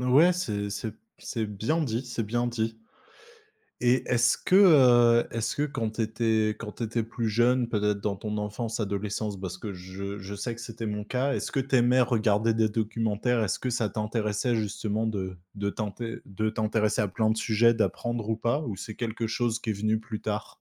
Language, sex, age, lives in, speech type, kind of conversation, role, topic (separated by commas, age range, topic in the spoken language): French, male, 25-29, France, conversation, podcast, host, Comment les documentaires transforment-ils notre perception du réel ?